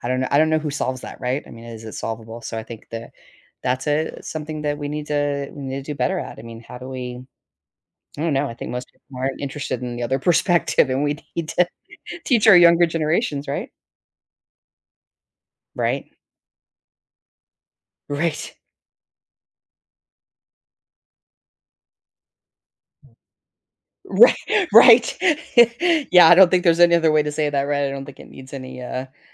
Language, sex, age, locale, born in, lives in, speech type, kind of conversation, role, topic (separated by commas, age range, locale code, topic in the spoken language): English, female, 55-59, en-US, United States, United States, conversation, podcast, host, How can seeing things from another person’s point of view help us resolve disagreements?